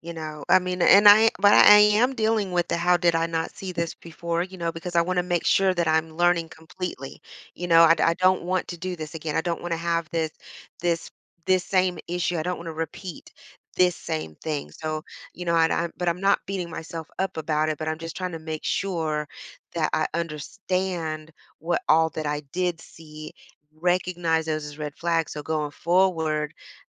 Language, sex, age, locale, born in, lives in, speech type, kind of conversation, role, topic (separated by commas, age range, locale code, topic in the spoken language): English, female, 45-49, en-US, United States, United States, conversation, advice, user, How do I confront my partner's dishonesty and decide if our relationship is worth saving?